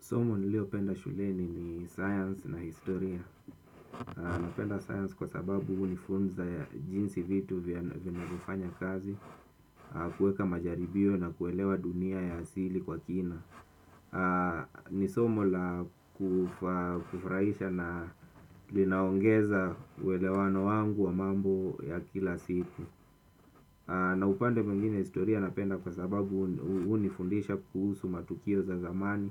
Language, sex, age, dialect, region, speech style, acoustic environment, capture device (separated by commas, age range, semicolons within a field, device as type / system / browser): Swahili, male, 25-34, Kenya - Mombasa, Kenya, focused, silence, desktop / Windows / Chrome